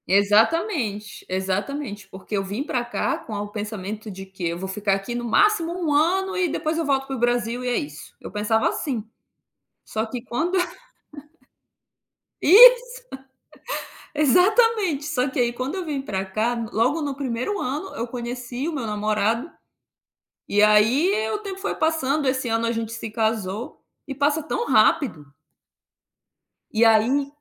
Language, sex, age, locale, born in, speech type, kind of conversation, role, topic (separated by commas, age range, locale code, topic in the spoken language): Portuguese, female, 30-34, pt-BR, Brazil, conversation, podcast, guest, Quem costuma fazer parte da sua rede de apoio?